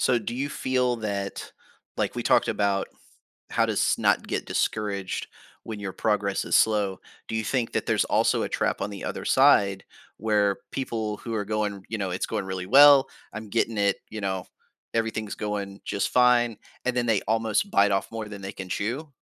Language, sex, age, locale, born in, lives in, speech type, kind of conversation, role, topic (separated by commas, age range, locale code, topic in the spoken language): English, male, 40-44, en-US, United States, United States, conversation, podcast, host, What helps people stay motivated and enjoy learning something new?